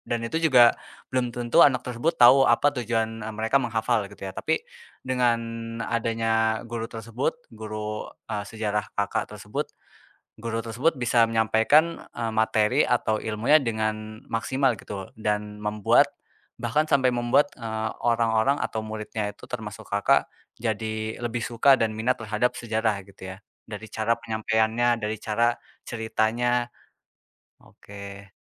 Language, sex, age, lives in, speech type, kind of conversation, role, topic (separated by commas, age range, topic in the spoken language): Indonesian, male, 20-24, Indonesia, conversation, podcast, host, Siapa guru yang paling berpengaruh dalam hidup kamu, dan mengapa?